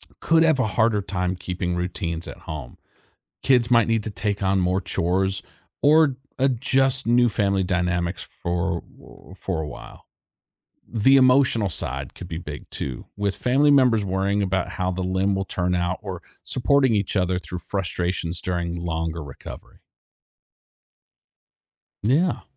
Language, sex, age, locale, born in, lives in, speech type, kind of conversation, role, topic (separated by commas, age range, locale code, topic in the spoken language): English, male, 35-39, en-US, United States, United States, read, expert-assistant, expert, What if humans could regenerate lost limbs?